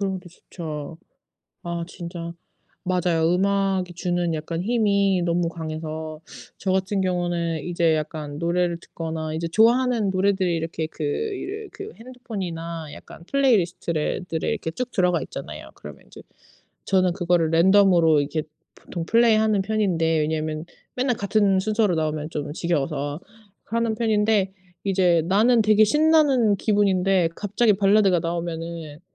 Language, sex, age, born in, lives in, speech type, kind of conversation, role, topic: Korean, female, 35-39, South Korea, United States, conversation, unstructured, none, 운동은 정신 건강에 어떤 영향을 미치나요?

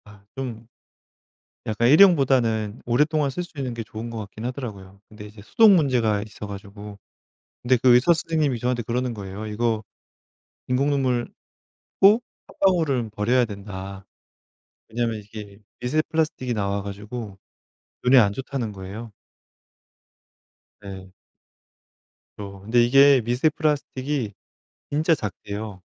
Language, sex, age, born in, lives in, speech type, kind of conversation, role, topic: Korean, male, 35-39, South Korea, France, conversation, unstructured, none, 왜 플라스틱 사용을 줄이기가 어려울까요?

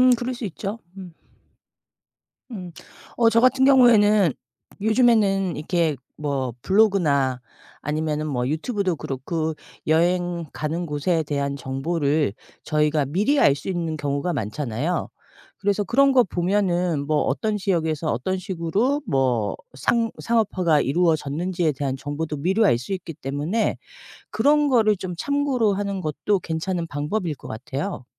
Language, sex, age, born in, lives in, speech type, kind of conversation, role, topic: Korean, female, 50-54, South Korea, United States, conversation, unstructured, none, 관광지에서 상업화가 지나치다고 느낄 때 어떤 생각이 드시나요?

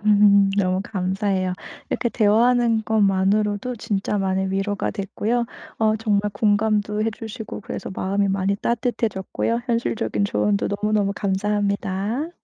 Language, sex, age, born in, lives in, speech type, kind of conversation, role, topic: Korean, female, 35-39, South Korea, Germany, conversation, advice, user, 비판을 받을 때 감정을 조절하면서 언제 방어하고 언제 내려놓아야 할까요?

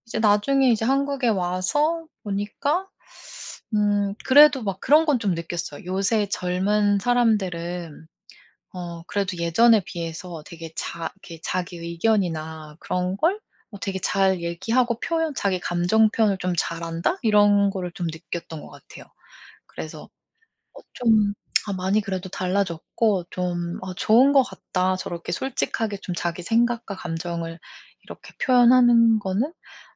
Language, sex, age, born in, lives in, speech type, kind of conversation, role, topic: Korean, female, 40-44, South Korea, United States, conversation, podcast, guest, 화가 났을 때 잠깐 거리를 두는 편인가요, 아니면 바로 이야기하는 편인가요?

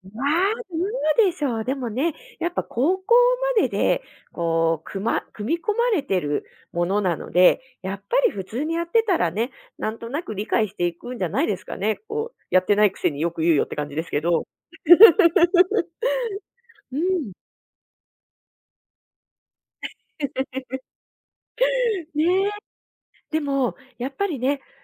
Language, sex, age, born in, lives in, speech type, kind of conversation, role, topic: Japanese, female, 35-39, Japan, Japan, conversation, podcast, host, 最近、何か学び直したことはありますか？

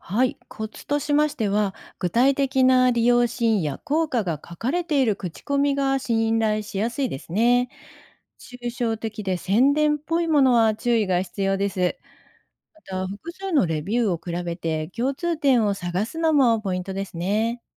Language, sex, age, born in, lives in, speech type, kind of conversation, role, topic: Japanese, female, 55-59, Japan, Japan, read, expert-assistant, expert, 新しい教育アプリやツールが増えていますが、自分に合ったものを選ぶとき、どんな調べ方が効果的でしょうか？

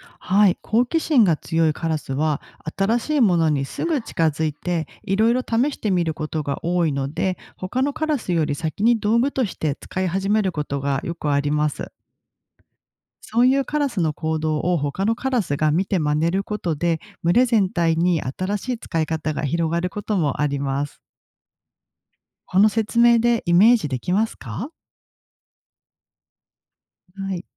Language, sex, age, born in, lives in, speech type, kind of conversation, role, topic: Japanese, female, 40-44, Japan, Japan, read, expert-assistant, expert, どうしてカラスは道具を使うのか？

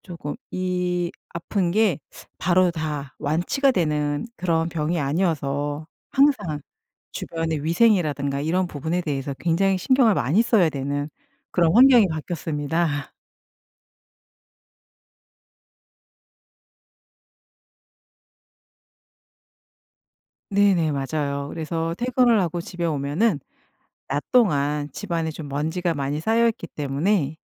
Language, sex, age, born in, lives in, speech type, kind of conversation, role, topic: Korean, female, 50-54, South Korea, United States, conversation, podcast, guest, 퇴근 후 저녁 시간은 보통 어떻게 보내시나요?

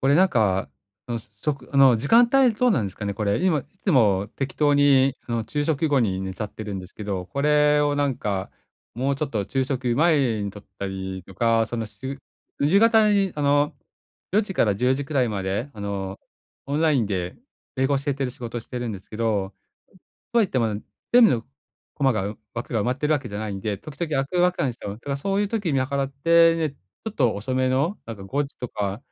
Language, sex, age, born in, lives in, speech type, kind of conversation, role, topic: Japanese, male, 45-49, Japan, Japan, conversation, advice, user, 短い昼寝でどうすればすっきり目覚められますか？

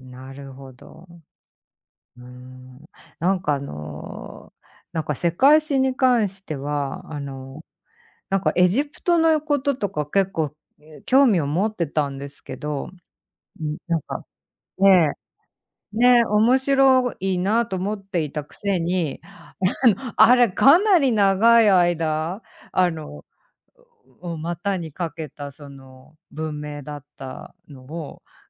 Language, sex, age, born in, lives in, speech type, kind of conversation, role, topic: Japanese, female, 45-49, Japan, United States, conversation, unstructured, none, 学校の勉強でいちばん好きな教科は何ですか？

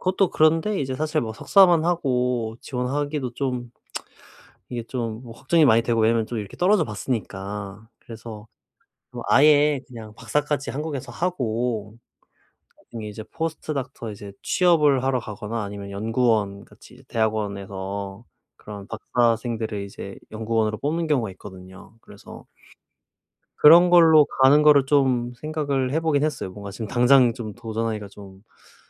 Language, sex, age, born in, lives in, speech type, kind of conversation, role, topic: Korean, male, 25-29, South Korea, South Korea, conversation, advice, user, 실패한 뒤에 생기는 자기 의심과 회의를 어떻게 다스리면 좋을까요?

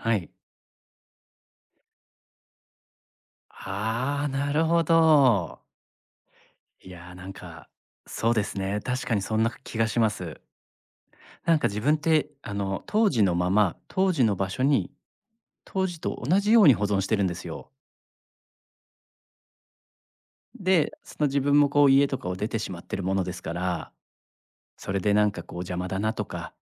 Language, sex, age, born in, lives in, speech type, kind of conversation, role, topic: Japanese, male, 40-44, Japan, Japan, conversation, advice, user, 思い出の品を捨てられず、引っ越しがつらいのですが、どうすればよいですか？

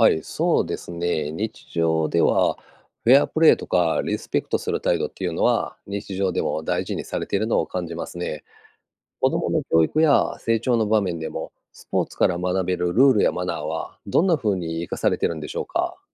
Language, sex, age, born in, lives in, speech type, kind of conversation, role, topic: Japanese, male, 50-54, Japan, Japan, read, expert-assistant, asker, どうして人はスポーツで競い合うことが好きなのでしょうか？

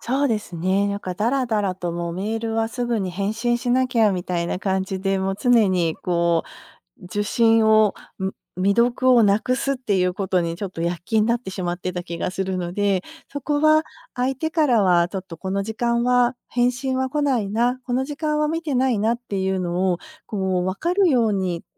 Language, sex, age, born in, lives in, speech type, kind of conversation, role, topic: Japanese, female, 50-54, Japan, United States, conversation, advice, user, 会議やメールで一日が埋まり、集中して取り組むべき仕事ができないと感じるとき、どうすれば時間を確保できますか？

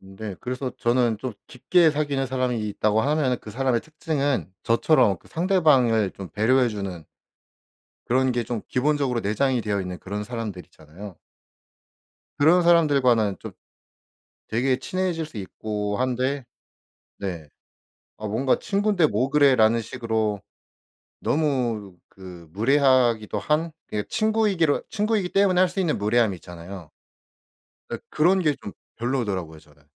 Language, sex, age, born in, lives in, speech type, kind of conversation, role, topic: Korean, male, 25-29, South Korea, South Korea, conversation, podcast, guest, 혼자 시간을 보내는 편이신가요, 아니면 사람들과 함께하는 것을 더 좋아하시나요?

